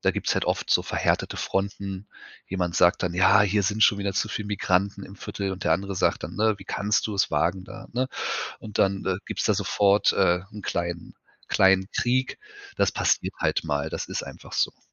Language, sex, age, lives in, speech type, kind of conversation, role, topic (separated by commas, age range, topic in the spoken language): German, male, 35-39, Germany, conversation, podcast, guest, Wie lässt sich Nachbarschaftshilfe praktisch organisieren?